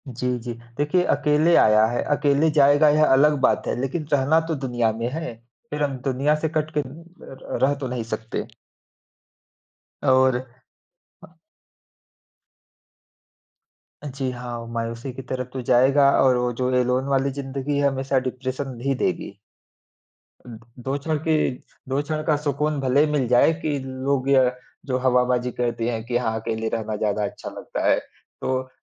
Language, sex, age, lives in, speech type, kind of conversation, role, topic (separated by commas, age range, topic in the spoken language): Hindi, male, 30-34, India, conversation, unstructured, none, आप काम और निजी जीवन में संतुलन कैसे बनाते हैं?